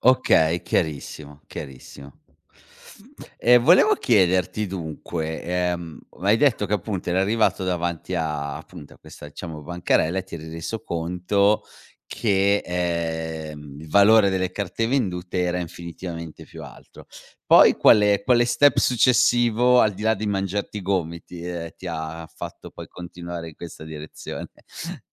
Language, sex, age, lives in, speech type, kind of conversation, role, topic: Italian, male, 40-44, Italy, conversation, podcast, host, Hai mai ripreso un hobby durante un momento difficile?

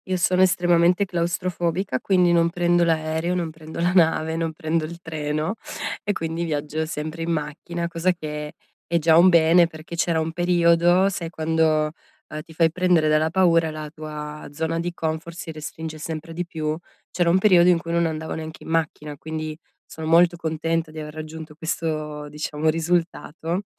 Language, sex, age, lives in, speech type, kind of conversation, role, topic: Italian, female, 35-39, Italy, conversation, advice, user, Come posso calmarmi subito quando mi sento sopraffatto o molto arrabbiato?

